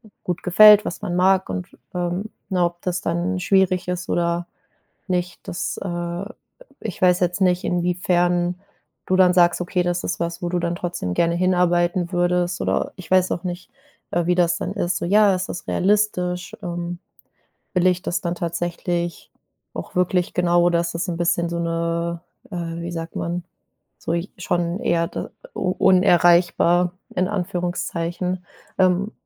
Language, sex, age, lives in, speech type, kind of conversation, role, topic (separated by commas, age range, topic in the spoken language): German, female, 25-29, Germany, conversation, advice, advisor, Wie kann ich meine unklaren Karriereziele klären?